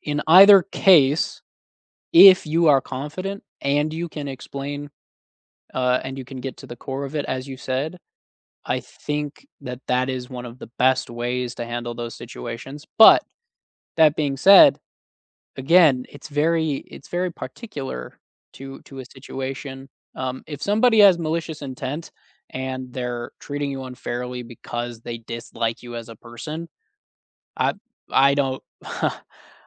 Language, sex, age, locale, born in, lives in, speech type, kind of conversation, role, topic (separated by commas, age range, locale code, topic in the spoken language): English, male, 30-34, en-US, United States, United States, conversation, unstructured, none, How do you handle situations when you feel treated unfairly?